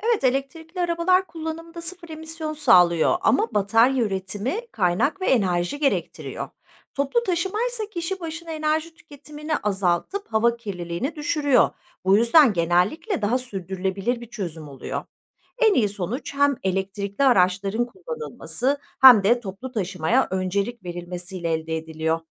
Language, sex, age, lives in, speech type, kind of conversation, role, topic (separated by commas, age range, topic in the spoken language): Turkish, female, 45-49, Netherlands, read, expert-assistant, expert, Şehirlerde ulaşım alışkanlıklarını değiştirmek çevreye ve yaşama nasıl katkı sağlar?